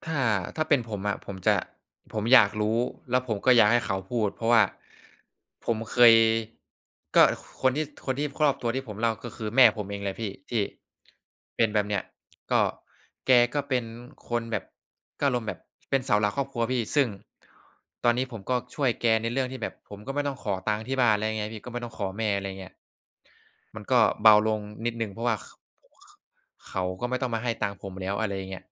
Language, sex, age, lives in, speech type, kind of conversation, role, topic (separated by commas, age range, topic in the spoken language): Thai, male, 20-24, Thailand, conversation, unstructured, none, ทำไมหลายคนถึงเลือกไม่พูดถึงความเศร้าและการสูญเสีย?